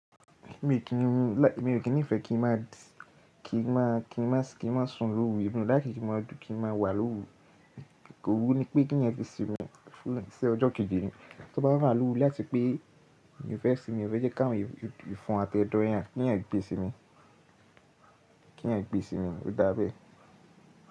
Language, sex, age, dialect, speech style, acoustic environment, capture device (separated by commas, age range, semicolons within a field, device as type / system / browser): Yoruba, male, 18-24, Nigeria - Ibadan, happy; relaxed, nature, mobile / Linux / Chrome